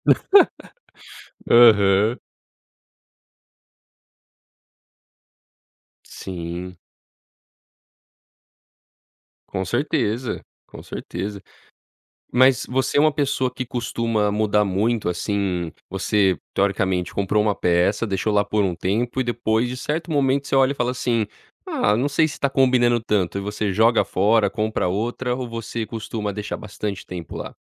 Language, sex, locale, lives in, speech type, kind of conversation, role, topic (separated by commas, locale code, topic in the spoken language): Portuguese, male, pt-BR, United States, conversation, podcast, host, Como transformar um quarto pequeno em um espaço aconchegante?